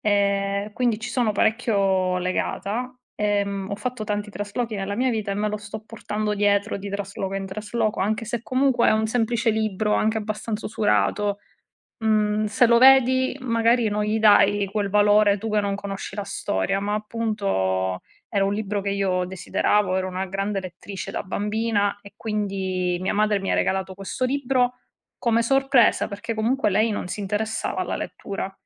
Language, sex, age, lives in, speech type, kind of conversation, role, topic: Italian, female, 30-34, Italy, conversation, unstructured, none, Qual è un oggetto che ti fa tornare in mente un ricordo speciale?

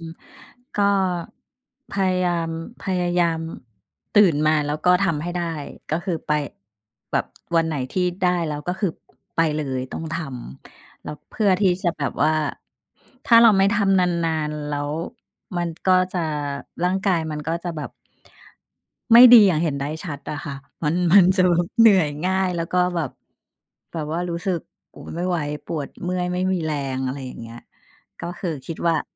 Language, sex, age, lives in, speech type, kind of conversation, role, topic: Thai, female, 45-49, Thailand, conversation, unstructured, none, คุณรักษาสมดุลในการออกกำลังกายในชีวิตประจำวันอย่างไร?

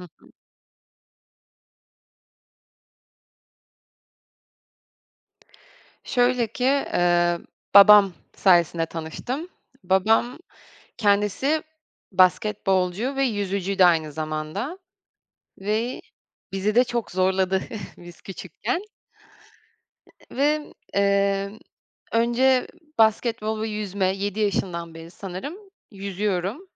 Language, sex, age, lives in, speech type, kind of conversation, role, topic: Turkish, female, 25-29, France, conversation, podcast, guest, Seni en çok mutlu eden hobin nedir?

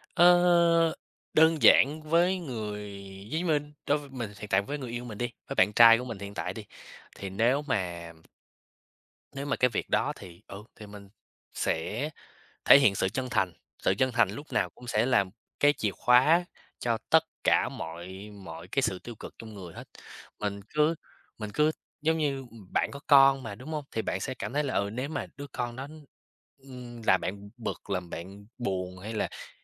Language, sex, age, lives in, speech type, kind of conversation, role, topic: Vietnamese, male, 20-24, United States, conversation, unstructured, none, Bạn có bao giờ cảm thấy người khác hiểu lầm về con người thật của mình không?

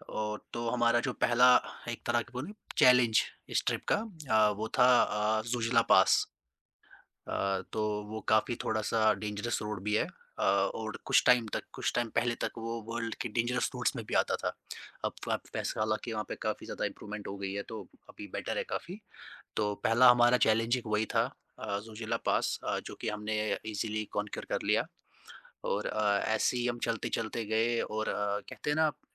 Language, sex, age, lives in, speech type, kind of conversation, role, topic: Hindi, male, 20-24, India, conversation, podcast, guest, Kya koi aisa simple travel tip hai jo tumne hard way se seekha?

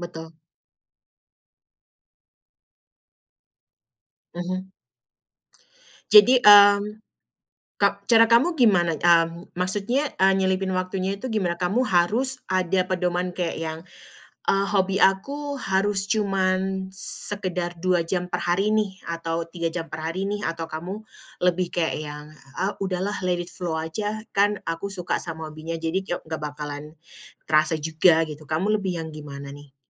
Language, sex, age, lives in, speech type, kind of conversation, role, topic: Indonesian, female, 35-39, United States, conversation, podcast, host, Bagaimana Anda mengatur waktu untuk menekuni hobi saat minggu sedang sibuk?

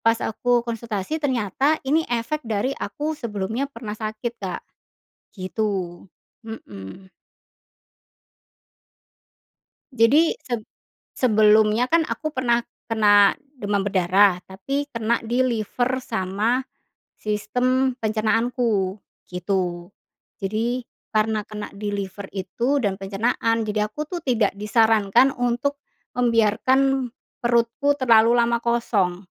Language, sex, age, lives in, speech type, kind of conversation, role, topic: Indonesian, female, 40-44, Indonesia, conversation, podcast, guest, Bagaimana cara Anda mengurangi konsumsi gula sehari-hari?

